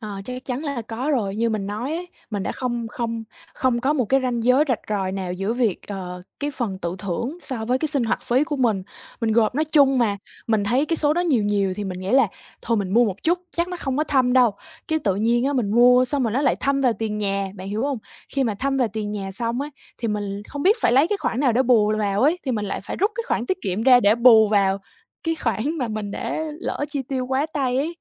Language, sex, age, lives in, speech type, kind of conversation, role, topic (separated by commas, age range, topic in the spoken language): Vietnamese, female, 20-24, Vietnam, conversation, advice, user, Làm thế nào để tiết kiệm mà vẫn tự thưởng cho mình mà không cảm thấy keo kiệt?